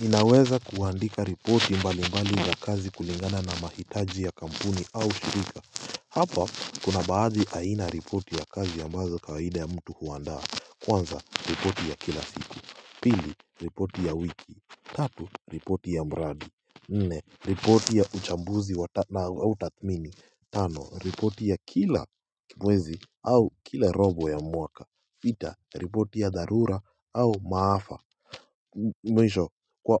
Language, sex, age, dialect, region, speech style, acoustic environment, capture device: Swahili, male, 18-24, Kenya - Mombasa, Kenya, happy; relaxed, silence, mobile / Linux / Chrome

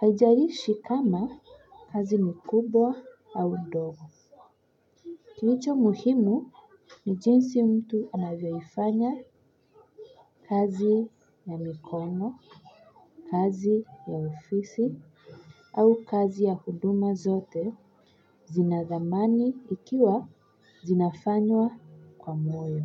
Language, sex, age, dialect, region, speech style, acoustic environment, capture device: Swahili, female, 25-34, Kenya - Nairobi Sheng-influenced, Kenya, relaxed, silence, mobile / Linux / Chrome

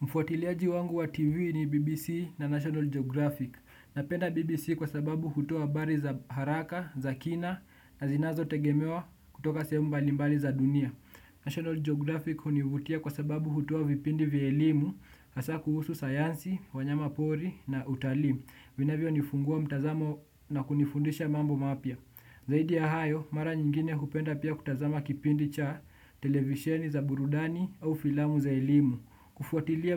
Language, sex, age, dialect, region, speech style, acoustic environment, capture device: Swahili, male, 18-24, Kenya - Nairobi Sheng-influenced, Kenya, relaxed, nature; construction; people talking; silence; appliances, desktop / Windows / Chrome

